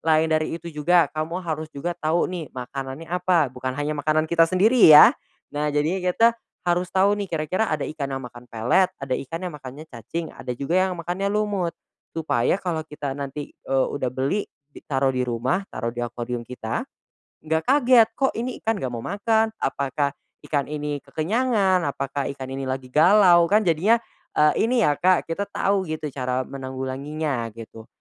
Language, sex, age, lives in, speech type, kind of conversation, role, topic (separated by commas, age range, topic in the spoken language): Indonesian, male, 20-24, Indonesia, conversation, podcast, guest, Saran apa yang cocok buat orang yang pengin mulai hobi, tapi susah banget untuk mulai?